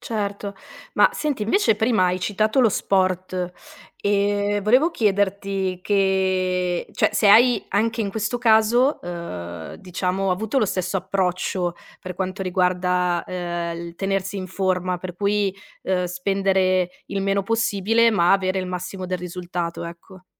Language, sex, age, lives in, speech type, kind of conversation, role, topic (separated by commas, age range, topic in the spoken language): Italian, female, 30-34, Italy, conversation, podcast, host, Come si può imparare bene spendendo poco?